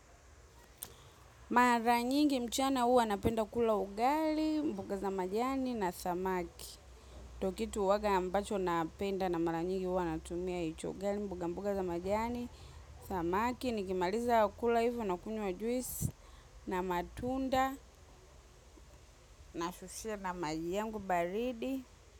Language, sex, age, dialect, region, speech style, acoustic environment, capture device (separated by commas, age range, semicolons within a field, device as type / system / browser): Swahili, female, 25-34, Tanzania - Dar es Salaam, Tanzania, relaxed; happy, silence, mobile / macOS / Safari